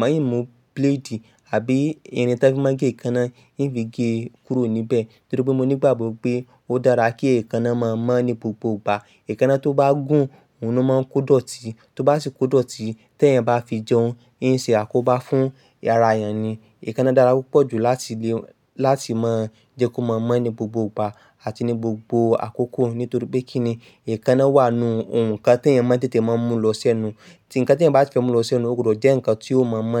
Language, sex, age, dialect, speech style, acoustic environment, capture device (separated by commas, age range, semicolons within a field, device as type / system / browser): Yoruba, male, 25-34, Nigeria - Ibadan, focused, nature, mobile / Linux / Chrome